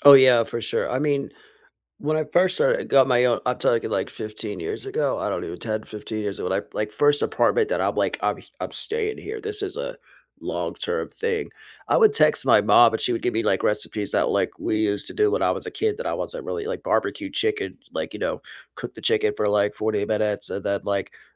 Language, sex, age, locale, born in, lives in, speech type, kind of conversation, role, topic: English, male, 40-44, en-US, United States, United States, conversation, unstructured, none, What new skill are you focusing on this year, and how is the journey going so far?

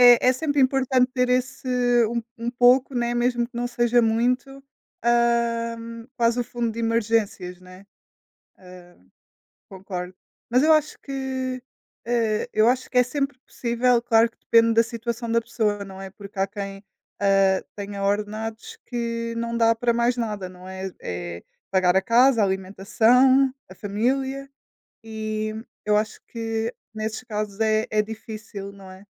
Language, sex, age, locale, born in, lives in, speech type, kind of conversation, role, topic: Portuguese, female, 20-24, pt-PT, Portugal, Portugal, conversation, unstructured, none, Qual é a importância de economizar dinheiro para o futuro?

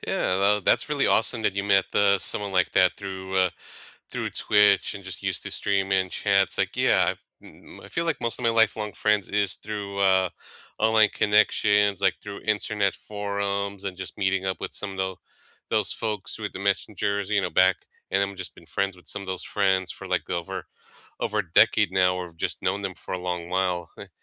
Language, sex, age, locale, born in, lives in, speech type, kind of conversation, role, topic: English, male, 35-39, en-US, United States, United States, conversation, unstructured, none, How do everyday gadgets help you feel closer to the people you care about?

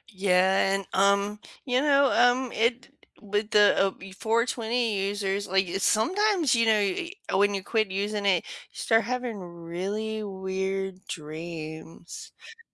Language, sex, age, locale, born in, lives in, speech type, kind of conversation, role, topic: English, female, 40-44, en-US, United States, United States, conversation, unstructured, none, How do you cope with sleep disruptions that happen for no clear reason?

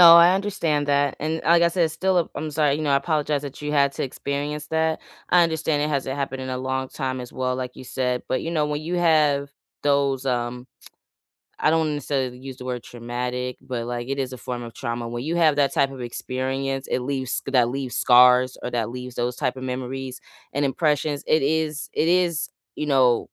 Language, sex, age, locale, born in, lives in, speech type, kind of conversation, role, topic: English, female, 30-34, en-US, United States, United States, conversation, unstructured, none, How do you ask for help and offer support to others?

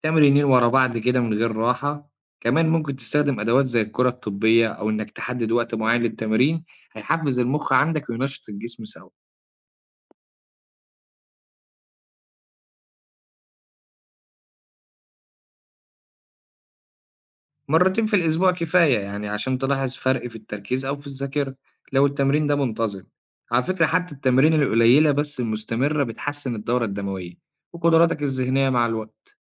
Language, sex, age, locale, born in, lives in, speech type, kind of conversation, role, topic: Arabic, male, 25-29, ar-EG, Egypt, Egypt, read, expert-assistant, expert, هل فعلاً تمارين المقاومة تستاهل الوقت والمجهود اللي بنبذله فيها مقارنة برياضة تانية زي المشي أو الجري من ناحية صحة الجسم والمخ؟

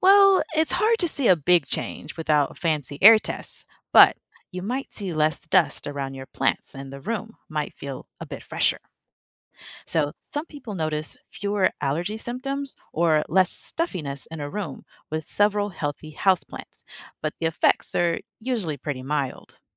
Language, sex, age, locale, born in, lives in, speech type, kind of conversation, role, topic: English, female, 25-29, en-US, United States, United States, read, expert-assistant, expert, How do plants help keep the air clean?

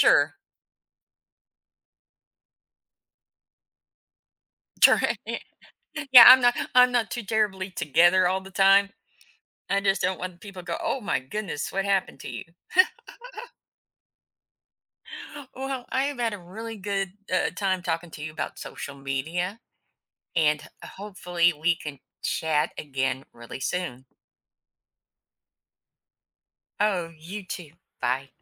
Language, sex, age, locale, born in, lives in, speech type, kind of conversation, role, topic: English, female, 55-59, en-US, United States, United States, conversation, podcast, host, How has social media influenced the way we communicate and connect with others?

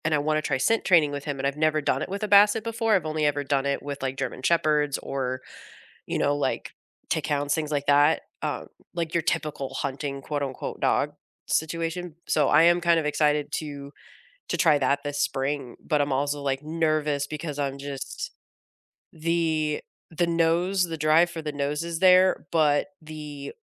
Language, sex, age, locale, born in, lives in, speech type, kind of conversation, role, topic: English, female, 35-39, en-US, United States, United States, conversation, unstructured, none, What is your favorite way to spend time with your pet?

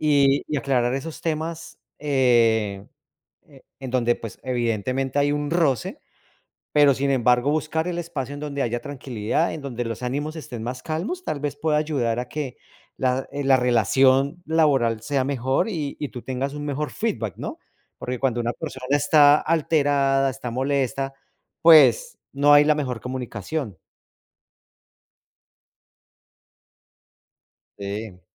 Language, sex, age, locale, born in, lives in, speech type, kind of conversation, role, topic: Spanish, male, 40-44, es-CO, Colombia, United States, conversation, advice, advisor, ¿Cómo te hace sentir la retroalimentación dura de tu jefe y qué te gustaría lograr al hablar de esto?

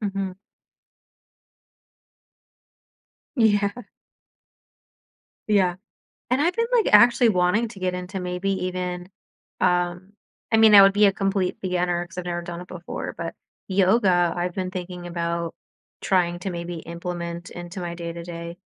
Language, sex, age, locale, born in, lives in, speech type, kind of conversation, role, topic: English, female, 30-34, en-US, United States, United States, conversation, advice, user, How can I balance work and personal life when I feel overwhelmed and worried about missing important moments at home?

